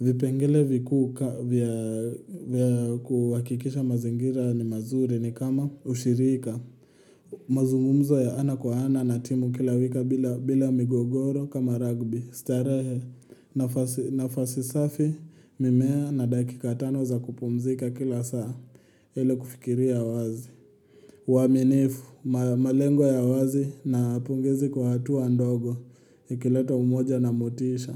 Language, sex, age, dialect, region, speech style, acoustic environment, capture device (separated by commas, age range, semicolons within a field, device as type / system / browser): Swahili, male, 18-24, Kenya - Nairobi Sheng-influenced, Kenya, happy, silence, mobile / Linux / Chrome